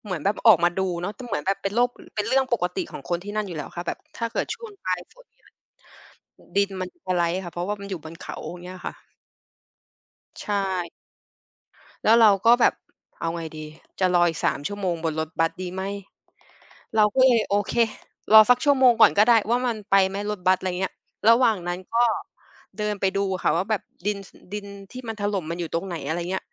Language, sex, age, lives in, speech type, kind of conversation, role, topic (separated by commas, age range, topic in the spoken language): Thai, female, 30-34, Thailand, conversation, podcast, guest, มีเรื่องตลกหรือเรื่องพลาดระหว่างเดินทางที่ยังจำได้ไหม?